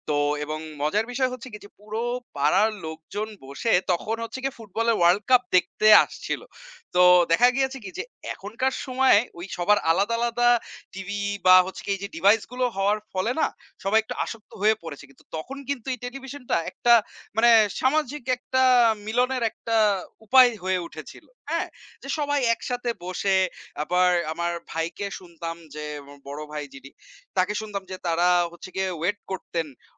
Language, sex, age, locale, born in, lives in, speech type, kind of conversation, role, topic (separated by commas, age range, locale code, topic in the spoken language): Bengali, male, 25-29, bn-BD, Bangladesh, Bangladesh, conversation, unstructured, none, আপনি কী মনে করেন, সমাজে একতা বজায় রাখা কেন জরুরি?